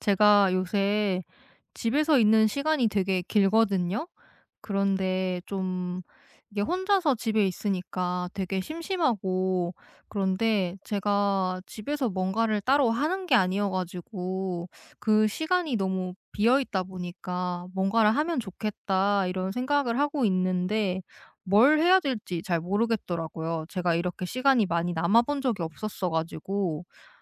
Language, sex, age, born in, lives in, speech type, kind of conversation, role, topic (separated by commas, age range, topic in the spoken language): Korean, female, 30-34, South Korea, South Korea, conversation, advice, user, 집에서 심심하고 무료할 때 어떤 활동을 하면 좋을까요?